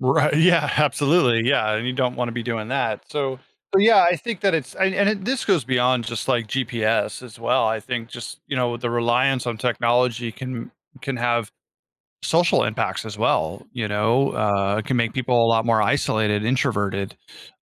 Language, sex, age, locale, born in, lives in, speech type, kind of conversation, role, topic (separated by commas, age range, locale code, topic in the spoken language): English, male, 40-44, en-US, United States, United States, conversation, podcast, guest, How can relying too much on technology affect our daily lives and well-being?